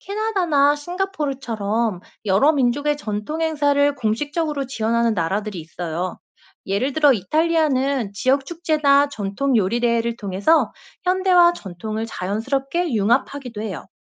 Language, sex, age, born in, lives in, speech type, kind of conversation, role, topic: Korean, female, 35-39, South Korea, South Korea, read, expert-assistant, expert, 전 세계 문화가 섞이면서, 우리 고유의 전통이나 습관을 지키는 게 얼마나 중요하다고 생각하세요?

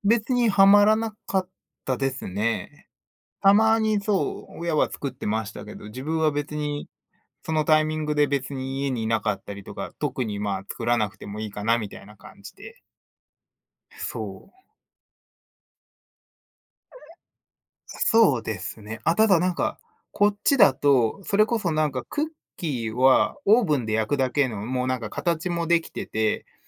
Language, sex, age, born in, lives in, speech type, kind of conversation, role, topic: Japanese, male, 30-34, Japan, United States, conversation, unstructured, none, 初めて料理を作ったとき、どんな気持ちでしたか？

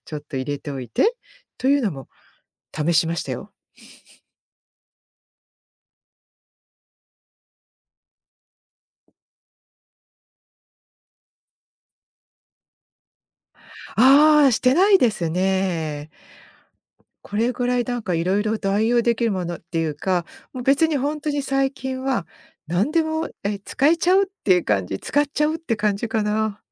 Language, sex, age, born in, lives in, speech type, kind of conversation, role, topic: Japanese, female, 55-59, Japan, United States, conversation, podcast, guest, 素材を意外な方法で使ってみたことはありますか？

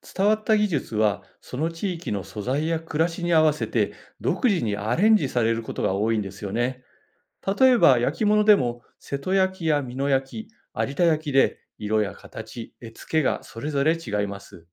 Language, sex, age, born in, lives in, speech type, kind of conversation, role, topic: Japanese, male, 55-59, Japan, Japan, read, expert-assistant, expert, なぜ日本各地で異なる民芸品が発展したのでしょうか？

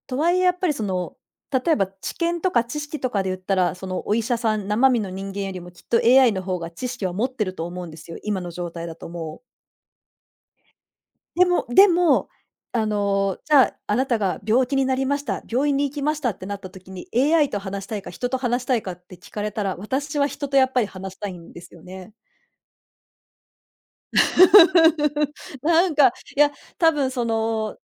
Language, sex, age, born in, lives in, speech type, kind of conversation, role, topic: Japanese, female, 40-44, Japan, Japan, conversation, unstructured, none, AIに仕事を奪われることに不安はありますか？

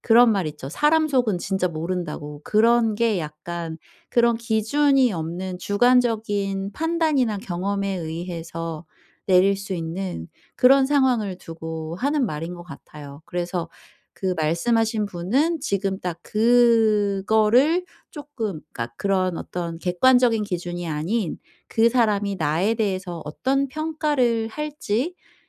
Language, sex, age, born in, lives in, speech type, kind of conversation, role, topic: Korean, female, 40-44, South Korea, South Korea, conversation, advice, advisor, 친구들과 모임에서 자주 긴장하고 어색함을 느낄 때 어떻게 하면 좋을까요?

